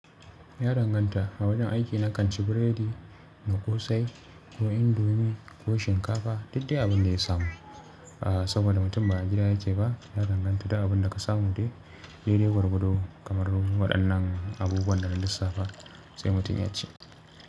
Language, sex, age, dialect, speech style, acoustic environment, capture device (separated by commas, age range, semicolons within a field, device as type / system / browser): Hausa, male, 25-34, Nigeria - Kano, relaxed, people talking, mobile / Linux / Chrome